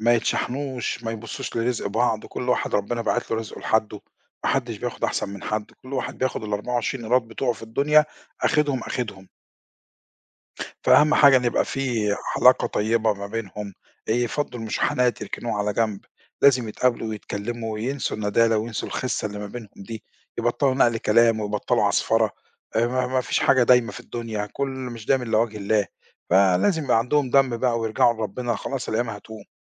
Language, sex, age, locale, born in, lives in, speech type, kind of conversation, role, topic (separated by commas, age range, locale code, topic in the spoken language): Arabic, male, 50-54, ar-EG, Egypt, Portugal, conversation, podcast, guest, إيه طقوسكم العائلية في الويك إند؟